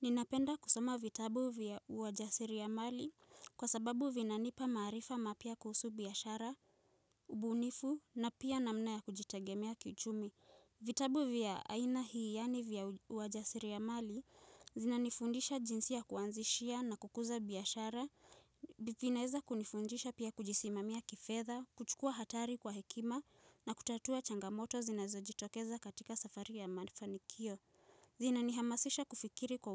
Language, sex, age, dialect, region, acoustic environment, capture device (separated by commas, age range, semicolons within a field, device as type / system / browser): Swahili, female, 18-24, Kenya - Nairobi Sheng-influenced, Kenya, silence, mobile / Linux / Chrome